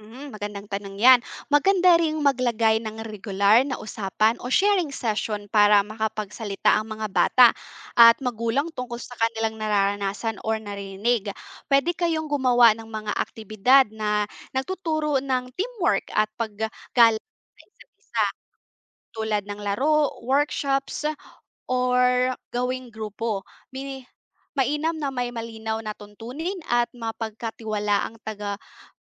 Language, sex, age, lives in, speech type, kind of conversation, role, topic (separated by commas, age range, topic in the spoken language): Filipino, female, 25-29, Philippines, read, expert-assistant, expert, Paano nakakaapekto ang bullying sa pag-uugali ng kabataan?